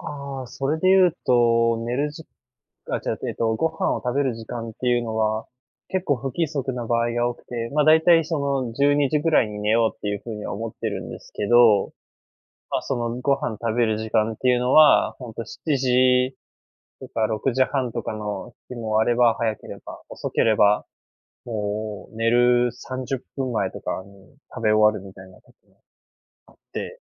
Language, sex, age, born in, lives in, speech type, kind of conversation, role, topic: Japanese, male, 20-24, Japan, Japan, conversation, advice, user, 寝る前についスマートフォンを見てしまって睡眠時間が短くなるのはなぜですか？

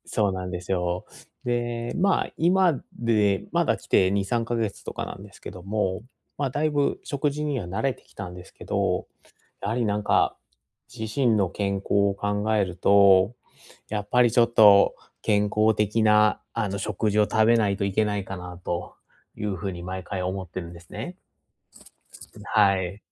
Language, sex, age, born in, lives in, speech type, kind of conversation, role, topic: Japanese, male, 30-34, Japan, Japan, conversation, advice, user, 外食のとき、どうすれば無理なく健康的な選択を続けられますか？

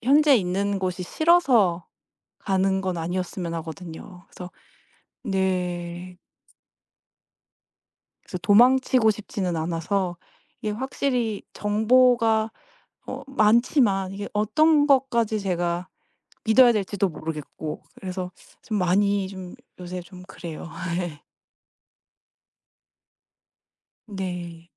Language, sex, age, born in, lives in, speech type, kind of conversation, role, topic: Korean, female, 35-39, South Korea, United States, conversation, advice, user, 다른 도시나 다른 나라로 이사해 정착할지 고민 중인데, 어떻게 결정하는 게 좋을까요?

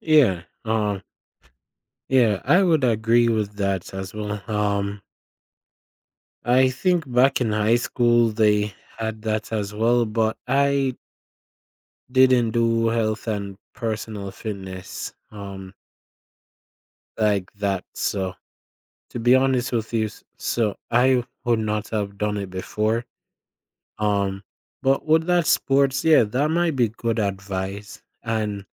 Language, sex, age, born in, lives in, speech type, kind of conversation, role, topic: English, male, 20-24, Jamaica, United States, conversation, advice, user, How do I make meaningful friends after moving to a new city?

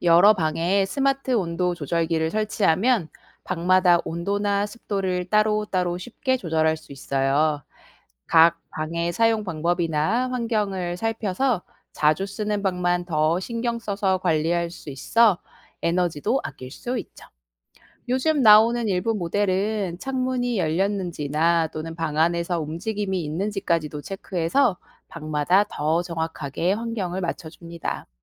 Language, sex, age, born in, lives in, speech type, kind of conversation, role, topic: Korean, female, 35-39, South Korea, South Korea, read, expert-assistant, expert, 스마트 온도 조절기가 실내 환경을 쾌적하게 유지하는 방법은 무엇인가요?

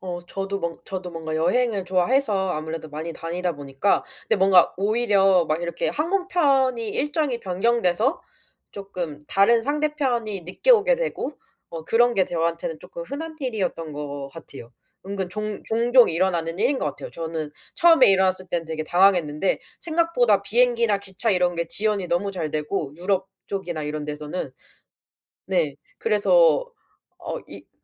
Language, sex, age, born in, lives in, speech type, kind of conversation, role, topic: Korean, female, 25-29, South Korea, South Korea, conversation, podcast, guest, 혼자 여행할 때는 보통 어떻게 계획을 세우는 편이야?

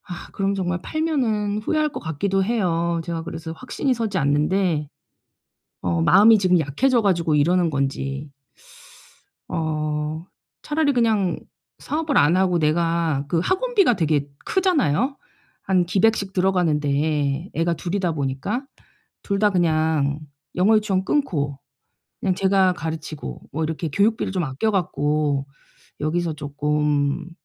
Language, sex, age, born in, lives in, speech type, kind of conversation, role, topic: Korean, female, 45-49, South Korea, South Korea, conversation, advice, user, 사업 예산을 더 잘 관리하고 최적화하려면 무엇부터 시작해야 하나요?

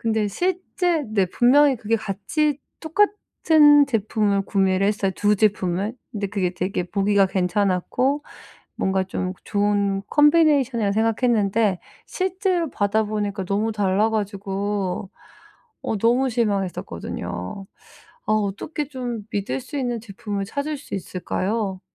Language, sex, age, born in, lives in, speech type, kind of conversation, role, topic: Korean, female, 40-44, South Korea, United States, conversation, advice, user, 온라인 쇼핑에서 믿을 만한 제품을 어떻게 찾을 수 있을까요?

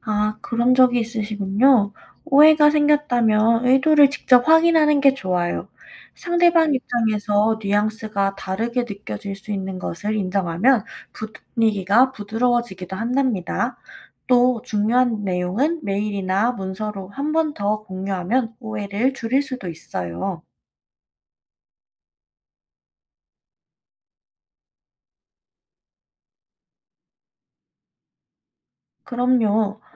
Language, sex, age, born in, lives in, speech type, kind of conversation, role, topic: Korean, female, 25-29, South Korea, United States, read, expert-assistant, expert, 말투나 억양이 다른 사람들과 소통할 때 서로 오해하지 않게 대화를 어떻게 조율할 수 있을까요?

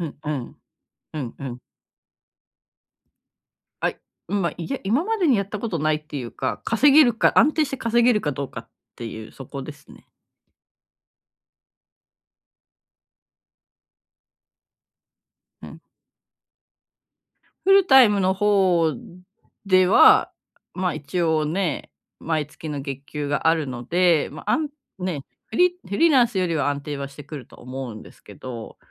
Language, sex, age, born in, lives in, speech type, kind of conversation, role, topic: Japanese, female, 35-39, Japan, Japan, conversation, advice, user, 将来への不安を計画に変える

